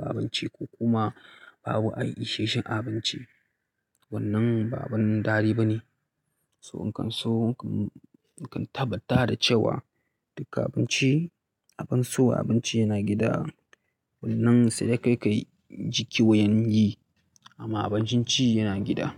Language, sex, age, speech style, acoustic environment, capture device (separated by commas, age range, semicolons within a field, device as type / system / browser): Hausa, male, 25-34, relaxed, silence, mobile / Linux / Chrome